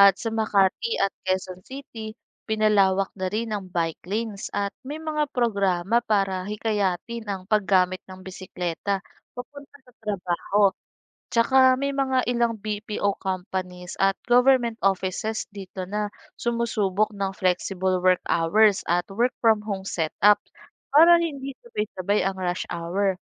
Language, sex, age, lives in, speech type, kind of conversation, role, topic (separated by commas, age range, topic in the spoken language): Filipino, female, 25-29, Philippines, read, expert-assistant, expert, Ano ang epekto ng kakulangan ng pampublikong transportasyon sa mga manggagawa?